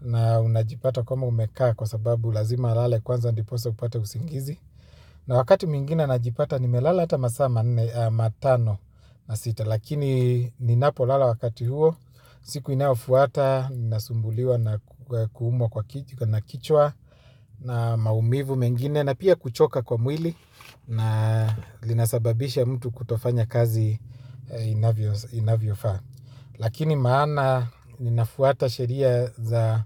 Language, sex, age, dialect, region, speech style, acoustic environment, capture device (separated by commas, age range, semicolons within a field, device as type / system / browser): Swahili, male, 25-34, Kenya - Nairobi Sheng-influenced, Kenya, anxious; happy, silence, mobile / Linux / Chrome